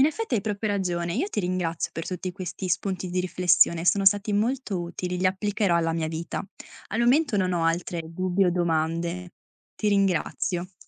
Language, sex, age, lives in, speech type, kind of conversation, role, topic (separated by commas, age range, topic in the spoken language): Italian, female, 20-24, Italy, read, expert-assistant, asker, Perché alcune opere d’arte ci emozionano subito, mentre altre ci lasciano indifferenti?